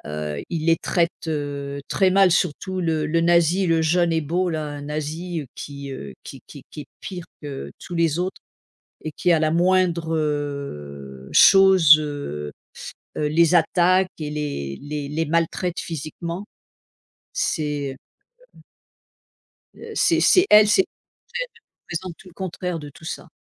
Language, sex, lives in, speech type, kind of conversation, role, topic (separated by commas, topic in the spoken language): French, female, United States, conversation, unstructured, none, Quels critères utilisez-vous pour choisir un film à regarder ?